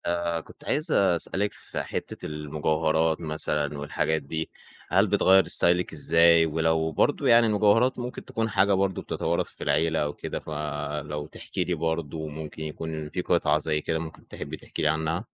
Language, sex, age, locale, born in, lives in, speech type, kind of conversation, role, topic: Arabic, male, 20-24, ar-EG, Egypt, Egypt, conversation, podcast, host, إيه دور المجوهرات العائلية في ستايلك؟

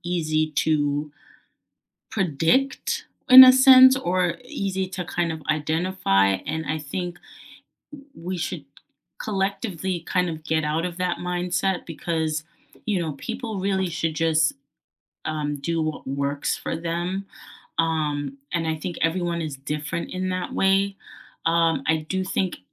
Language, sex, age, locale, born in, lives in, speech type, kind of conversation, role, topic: English, female, 25-29, en-US, United States, United States, conversation, unstructured, none, Will traditional gender roles help relationship stability or hinder equality and growth?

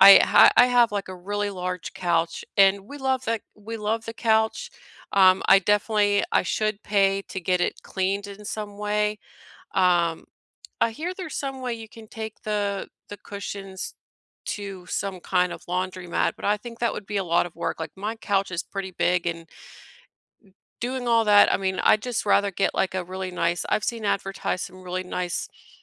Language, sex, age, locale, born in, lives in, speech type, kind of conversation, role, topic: English, female, 40-44, en-US, United States, United States, conversation, unstructured, none, How can you refresh a room in one day on a budget?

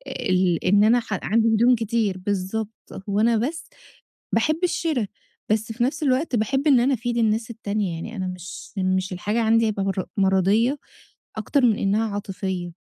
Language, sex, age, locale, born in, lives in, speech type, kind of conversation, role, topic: Arabic, female, 30-34, ar-EG, Egypt, Egypt, conversation, advice, user, إزاي ألاقي لبس يناسب ذوقي ويبقى مريح وسعره مناسب؟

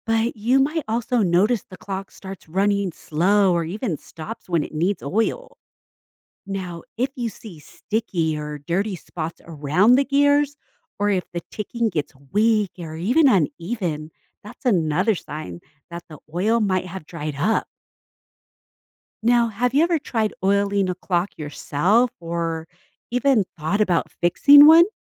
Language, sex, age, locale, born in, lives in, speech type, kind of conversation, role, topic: English, female, 40-44, en-US, United States, United States, read, expert-assistant, expert, How does a clock keep ticking accurately?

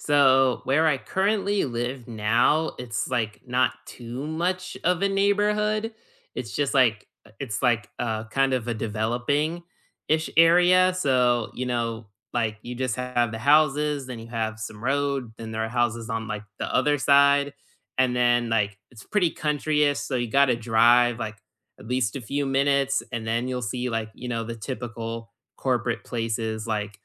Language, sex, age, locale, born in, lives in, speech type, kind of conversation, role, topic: English, male, 20-24, en-US, United States, United States, conversation, unstructured, none, What neighborhood hangouts and everyday places around here feel most like home to you, and why?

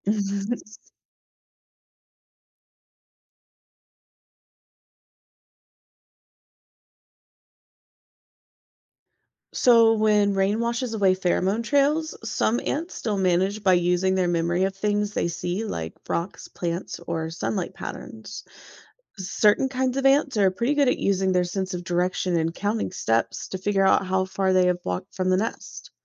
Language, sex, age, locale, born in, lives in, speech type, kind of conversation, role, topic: English, female, 30-34, en-US, United States, United States, read, expert-assistant, expert, How do ants navigate back to their nest?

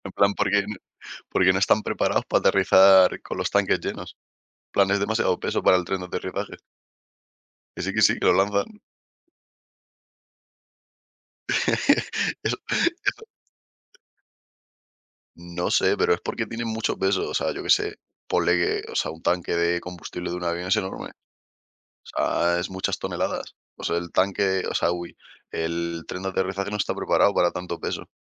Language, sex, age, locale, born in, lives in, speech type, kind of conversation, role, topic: Spanish, male, 20-24, es-ES, Spain, Spain, conversation, unstructured, none, ¿Qué opinas sobre viajar en avión en comparación con viajar en tren?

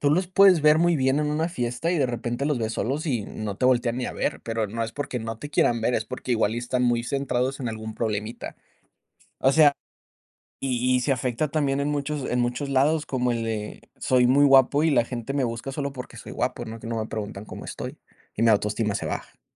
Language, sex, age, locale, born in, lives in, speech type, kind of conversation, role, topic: Spanish, male, 25-29, es-MX, Mexico, United States, conversation, unstructured, none, ¿Qué significa para ti tener una buena autoestima?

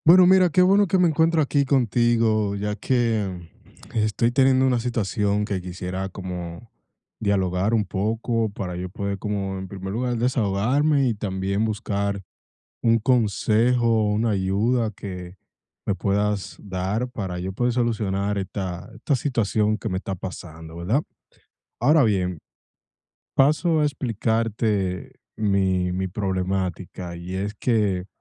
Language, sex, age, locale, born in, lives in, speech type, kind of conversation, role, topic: Spanish, male, 25-29, es-DO, United States, United States, conversation, advice, user, ¿Cómo puedo controlar la ansiedad al hablar en público?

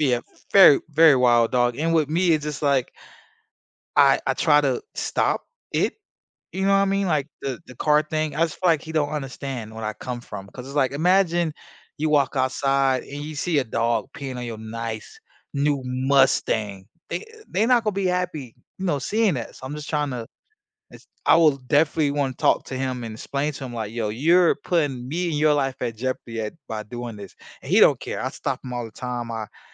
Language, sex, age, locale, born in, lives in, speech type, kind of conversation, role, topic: English, male, 25-29, en-US, United States, United States, conversation, unstructured, none, Would you rather be able to speak every language fluently or communicate with animals?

